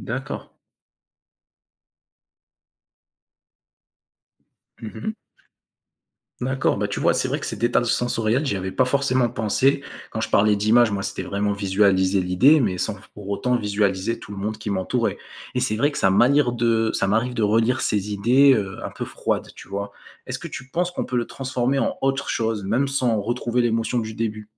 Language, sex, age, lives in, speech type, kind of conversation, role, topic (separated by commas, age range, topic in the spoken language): French, male, 20-24, France, read, expert-assistant, asker, Comment trouver l’équilibre entre organisation et inspiration quand on veut être plus créatif ?